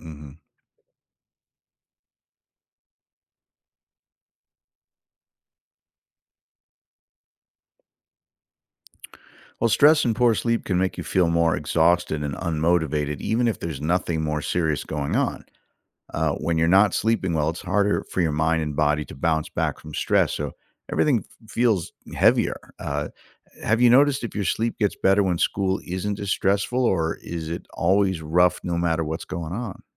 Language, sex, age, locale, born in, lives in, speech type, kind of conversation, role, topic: English, male, 55-59, en-US, United States, United States, read, expert-assistant, expert, How can I tell whether I’m experiencing burnout or depression?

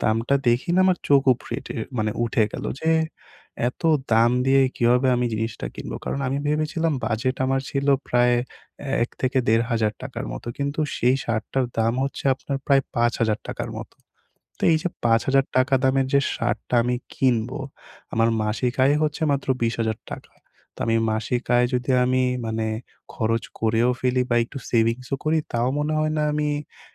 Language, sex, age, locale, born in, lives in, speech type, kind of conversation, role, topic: Bengali, male, 20-24, bn-BD, Bangladesh, Bangladesh, conversation, advice, user, সীমিত বাজেটে কীভাবে মানসম্মত স্টাইল বজায় রাখতে পারি?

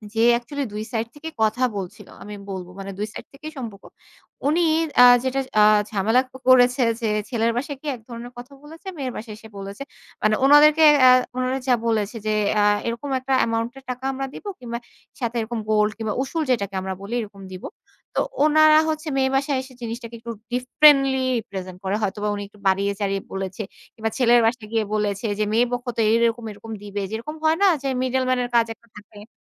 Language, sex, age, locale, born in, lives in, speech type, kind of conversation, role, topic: Bengali, female, 25-29, bn-BD, Bangladesh, Bangladesh, conversation, podcast, guest, কেউ কি কখনও তোমাকে নিজের বাড়িতে অতিথি করে ডেকেছিল?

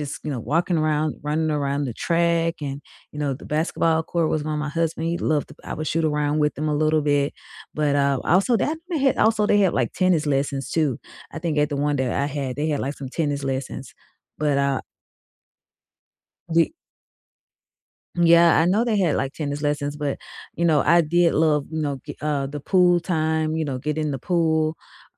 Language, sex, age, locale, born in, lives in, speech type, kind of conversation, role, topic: English, female, 45-49, en-US, United States, United States, conversation, unstructured, none, What hobby do you love, or would you like to start soon?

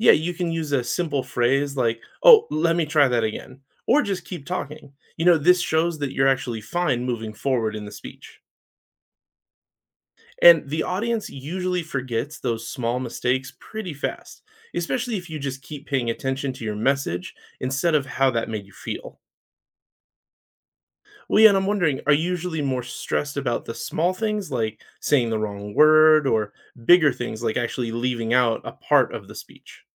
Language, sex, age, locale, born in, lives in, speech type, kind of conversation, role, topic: English, male, 35-39, en-US, United States, United States, read, expert-assistant, expert, How can practicing public speaking build your confidence?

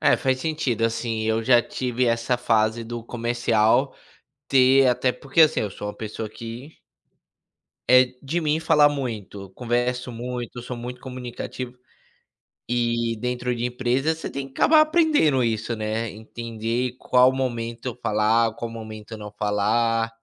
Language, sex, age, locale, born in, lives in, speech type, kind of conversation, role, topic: Portuguese, male, 25-29, pt-BR, Brazil, United States, conversation, unstructured, none, Você já precisou esconder uma parte de você para se encaixar?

